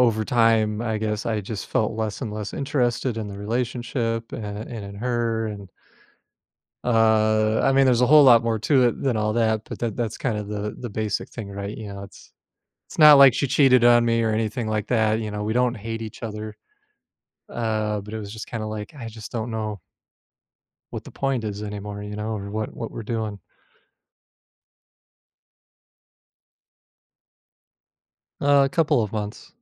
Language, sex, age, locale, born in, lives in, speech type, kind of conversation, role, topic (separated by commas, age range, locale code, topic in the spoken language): English, male, 35-39, en-US, United States, United States, conversation, advice, user, How can I heal and move forward after a sudden breakup?